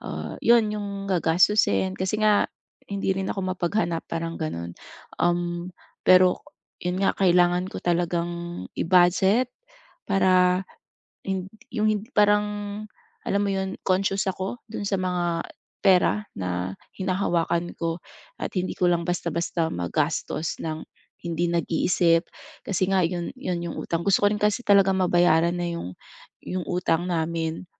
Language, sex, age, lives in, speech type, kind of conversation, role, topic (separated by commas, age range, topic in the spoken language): Filipino, female, 40-44, Philippines, conversation, advice, user, Paano ko mababawasan ang utang at makapag-iipon nang sabay?